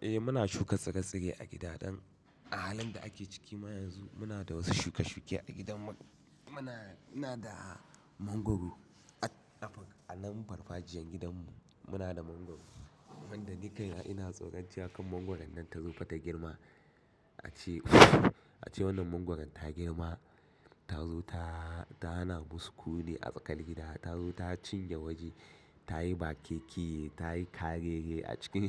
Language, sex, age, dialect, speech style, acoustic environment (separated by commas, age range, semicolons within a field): Hausa, male, 25-34, Nigeria - Katsina, happy, nature